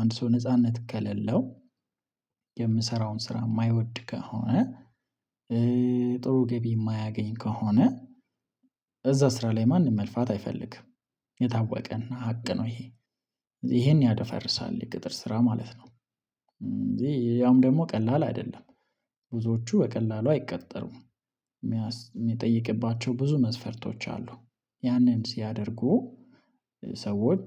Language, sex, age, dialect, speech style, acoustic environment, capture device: Amharic, male, 25-34, Ethiopia - Addis Ababa, focused; relaxed, silence, mobile / Linux / Chrome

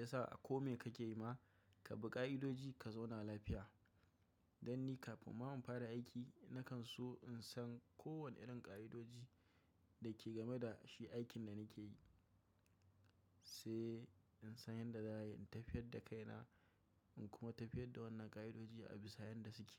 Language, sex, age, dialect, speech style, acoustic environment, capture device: Hausa, male, 25-34, Nigeria - Kano, happy, silence, mobile / macOS / Safari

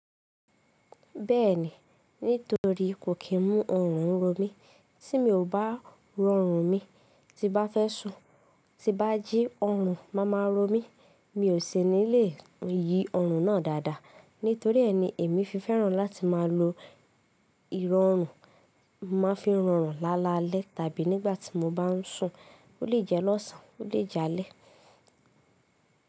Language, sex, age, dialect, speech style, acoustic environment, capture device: Yoruba, female, 18-24, Nigeria - Ibadan, focused, silence, mobile / Linux / Chrome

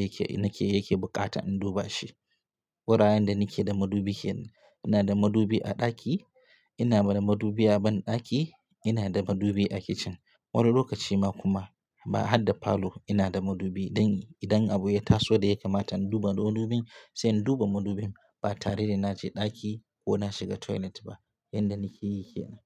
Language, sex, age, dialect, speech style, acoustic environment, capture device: Hausa, male, 25-34, Nigeria - Katsina, happy, silence, mobile / Linux / Chrome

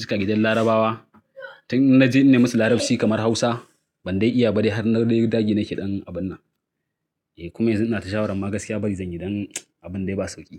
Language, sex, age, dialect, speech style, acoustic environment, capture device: Hausa, male, 35-44, Nigeria - Kano, happy, nature, mobile / Linux / Chrome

